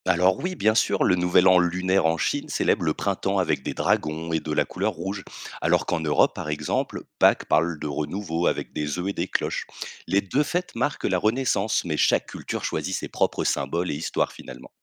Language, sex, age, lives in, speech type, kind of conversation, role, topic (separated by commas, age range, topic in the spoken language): French, male, 30-34, France, read, expert-assistant, expert, Pourquoi y a-t-il plusieurs fêtes religieuses à la même période dans différentes traditions ?